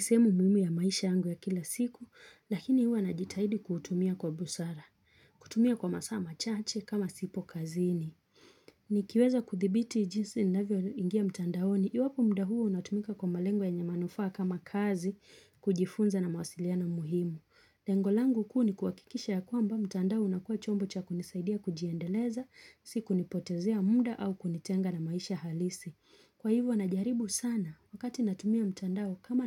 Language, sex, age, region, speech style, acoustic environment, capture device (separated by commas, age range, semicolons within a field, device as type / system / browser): Swahili, female, 25-34, Kenya, relaxed, nature; silence, mobile / Linux / Chrome